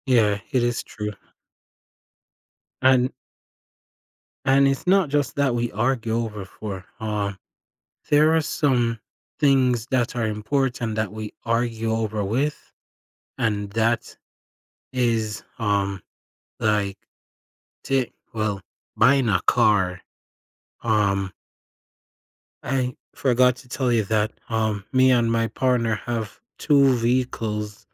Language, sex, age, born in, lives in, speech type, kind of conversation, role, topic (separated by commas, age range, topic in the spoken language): English, male, 20-24, Jamaica, United States, conversation, advice, user, How can I improve communication with my partner so we argue less?